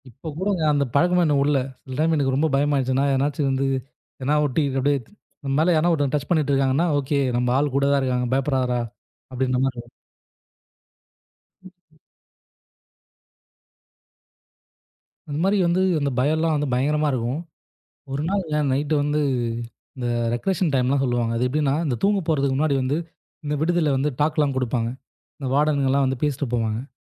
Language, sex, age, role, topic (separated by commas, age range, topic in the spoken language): Tamil, male, 25-29, guest, பயத்தை சமாளித்து முன்னேறிய உங்கள் அனுபவத்தைப் பகிர முடியுமா?